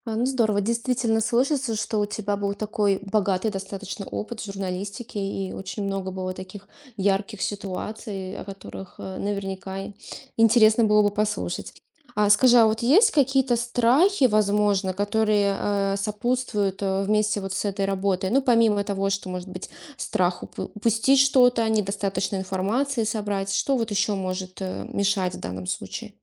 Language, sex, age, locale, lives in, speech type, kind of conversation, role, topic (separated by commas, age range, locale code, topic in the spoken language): Russian, female, 35-39, ru-RU, Estonia, conversation, podcast, host, Как понять, что ты уже собрал достаточно информации по теме?